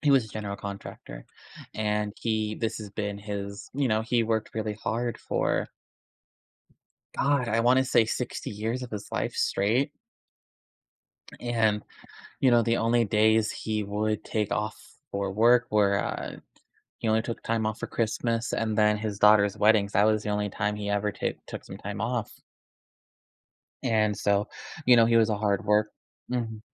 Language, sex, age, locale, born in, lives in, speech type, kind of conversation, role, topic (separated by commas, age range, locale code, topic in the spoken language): English, male, 25-29, en-US, United States, United States, conversation, advice, user, How can I cope with losing a close family member?